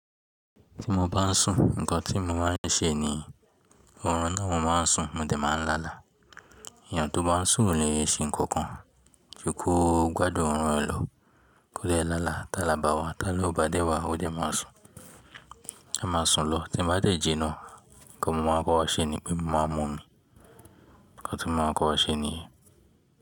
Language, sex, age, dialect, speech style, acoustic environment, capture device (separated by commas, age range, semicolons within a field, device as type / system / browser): Yoruba, male, 18-24, Nigeria - Lagos Yoruba, relaxed, silence; music, mobile / Linux / Chrome